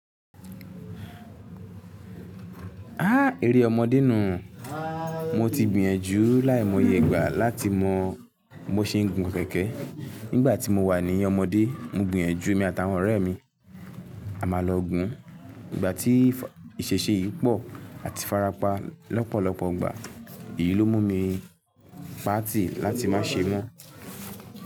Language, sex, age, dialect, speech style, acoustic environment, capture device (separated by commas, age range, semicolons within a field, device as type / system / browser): Yoruba, male, 18-24, Nigeria - Lagos Yoruba, happy, silence, mobile / Linux / Chrome